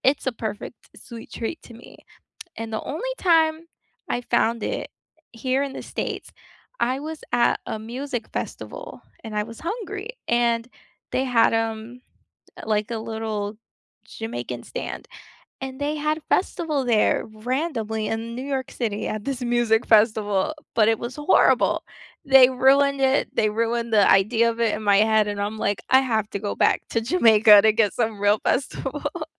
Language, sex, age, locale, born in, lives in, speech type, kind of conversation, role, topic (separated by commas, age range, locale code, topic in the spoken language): English, female, 25-29, en-US, United States, United States, conversation, unstructured, none, What is the most unforgettable street food you have tried while traveling, and what is the story behind it?